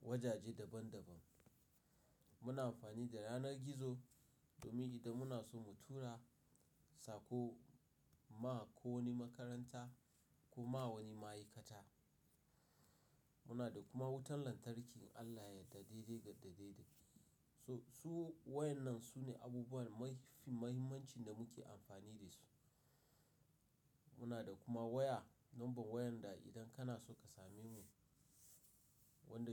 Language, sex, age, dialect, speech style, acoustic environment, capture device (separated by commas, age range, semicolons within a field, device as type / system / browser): Hausa, male, 35-44, Nigeria - Nigerian Standard, focused, silence, mobile / Linux / Chrome